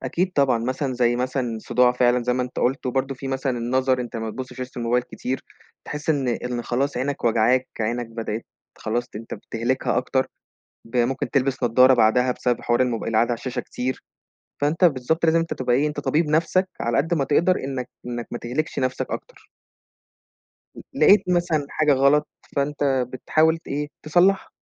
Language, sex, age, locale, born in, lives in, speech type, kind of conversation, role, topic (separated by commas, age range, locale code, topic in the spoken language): Arabic, male, 20-24, ar-EG, Egypt, Egypt, conversation, podcast, guest, إزاي بتوازن بين وقتك قدّام الشاشة وراحتك النفسية؟